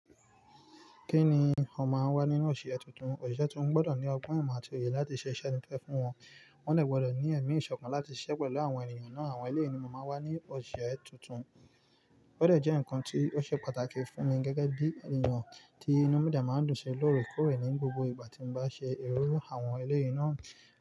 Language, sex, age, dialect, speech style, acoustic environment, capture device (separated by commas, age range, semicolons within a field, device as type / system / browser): Yoruba, male, 25-34, Nigeria - Nigerian Standard, relaxed, nature, mobile / Linux / Chrome